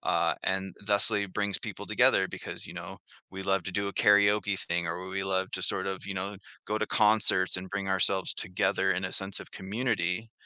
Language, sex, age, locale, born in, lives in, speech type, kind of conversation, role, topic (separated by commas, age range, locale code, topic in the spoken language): English, male, 40-44, en-US, Canada, United States, conversation, podcast, guest, In what ways does music shape our experiences and interactions every day?